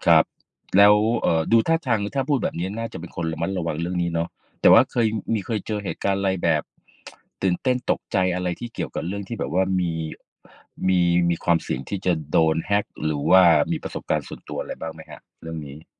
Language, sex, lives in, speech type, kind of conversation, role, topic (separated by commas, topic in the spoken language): Thai, male, Thailand, conversation, unstructured, none, การเก็บข้อมูลส่วนตัวไว้ในโทรศัพท์มือถือเป็นเรื่องน่ากลัวไหม?